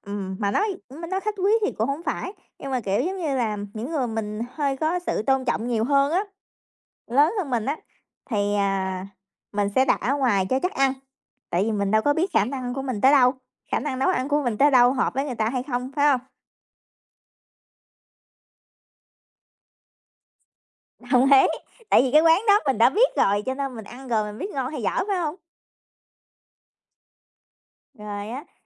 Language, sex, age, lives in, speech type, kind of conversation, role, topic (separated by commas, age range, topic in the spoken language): Vietnamese, female, 30-34, United States, conversation, unstructured, none, Bạn thường chọn tự nấu ăn hay gọi đồ ăn ngoài?